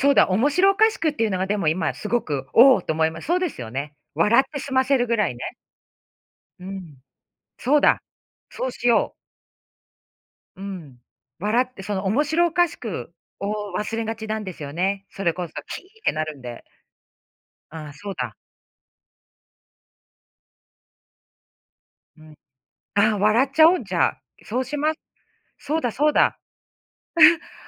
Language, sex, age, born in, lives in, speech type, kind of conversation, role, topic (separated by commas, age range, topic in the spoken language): Japanese, female, 45-49, Japan, United States, conversation, advice, user, 子どもの反抗期で親子関係がぎくしゃくしている